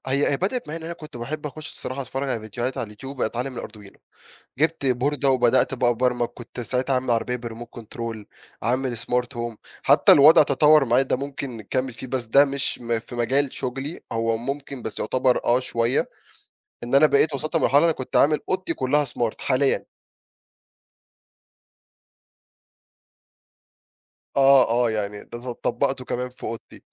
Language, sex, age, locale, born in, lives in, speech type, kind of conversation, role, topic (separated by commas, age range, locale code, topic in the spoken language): Arabic, male, 20-24, ar-EG, Egypt, Egypt, conversation, podcast, guest, هل في لحظة غيّرت مسارك المهني؟